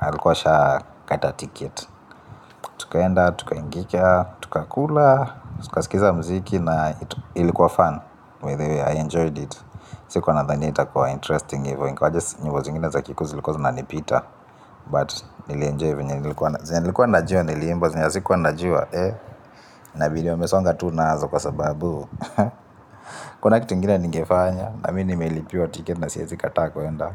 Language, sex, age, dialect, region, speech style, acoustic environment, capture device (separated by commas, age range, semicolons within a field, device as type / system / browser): Swahili, male, 25-34, Kenya - Nairobi Sheng-influenced, Kenya, focused, appliances, mobile / Linux / Chrome